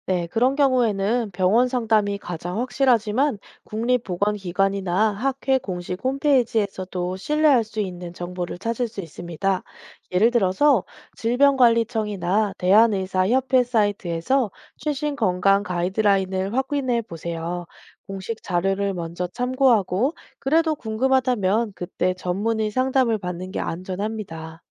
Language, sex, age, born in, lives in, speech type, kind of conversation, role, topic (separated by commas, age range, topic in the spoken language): Korean, female, 30-34, South Korea, South Korea, read, expert-assistant, expert, 뉴스마다 건강 수칙이 조금씩 다른데, 어떤 정보가 정말 믿을 만한지 어떻게 알 수 있을까요?